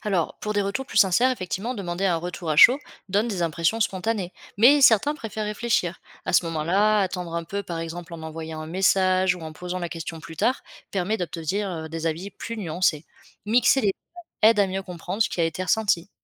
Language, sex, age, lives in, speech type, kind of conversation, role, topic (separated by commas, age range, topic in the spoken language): French, female, 25-29, France, read, expert-assistant, expert, Comment se former au marketing en utilisant ce qu’on observe dans la vie quotidienne ?